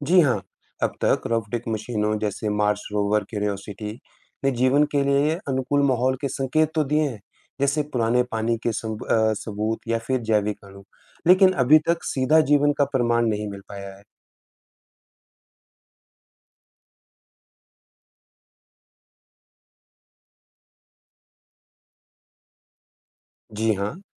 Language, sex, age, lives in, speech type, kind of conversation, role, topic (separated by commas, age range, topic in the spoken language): Hindi, male, 35-39, India, read, expert-assistant, expert, हम अंतरिक्ष में जीवन की तलाश क्यों करते हैं?